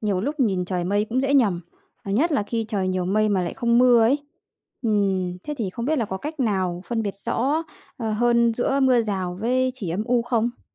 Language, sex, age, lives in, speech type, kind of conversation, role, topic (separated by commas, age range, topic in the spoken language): Vietnamese, female, 30-34, Vietnam, read, expert-assistant, asker, Làm sao nhận biết và dự đoán trời sắp mưa bằng dấu hiệu tự nhiên và công nghệ?